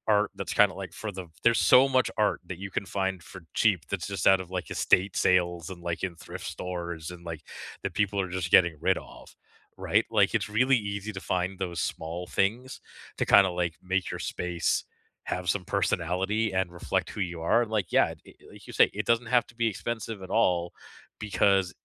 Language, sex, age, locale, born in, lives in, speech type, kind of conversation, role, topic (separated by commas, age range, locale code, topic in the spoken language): English, male, 40-44, en-CA, Canada, Canada, conversation, unstructured, none, What budget-friendly ways have you found to make your kitchen refresh truly pop?